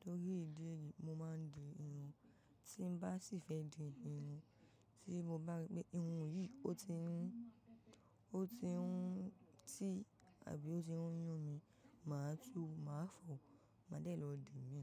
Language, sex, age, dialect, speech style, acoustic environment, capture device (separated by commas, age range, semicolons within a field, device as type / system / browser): Yoruba, female, 18-24, Nigeria - Ibadan, happy, appliances, mobile / macOS / Safari